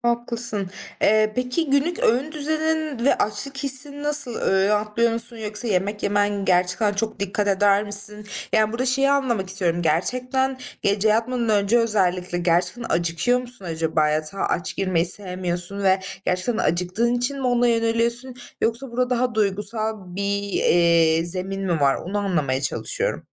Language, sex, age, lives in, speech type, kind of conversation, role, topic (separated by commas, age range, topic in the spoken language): Turkish, female, 25-29, Germany, conversation, advice, advisor, Sürekli sağlıksız atıştırmalıklara yönelip kendinizi durduramadığınızda neler yaşıyorsunuz?